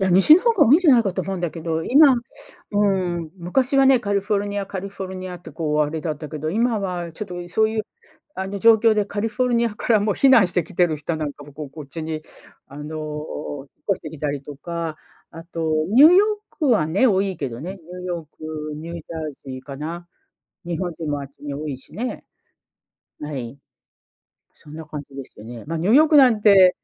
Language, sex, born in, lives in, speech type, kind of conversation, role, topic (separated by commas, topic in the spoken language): Japanese, female, Japan, United States, conversation, unstructured, none, 自然破壊について、あなたはどう思いますか？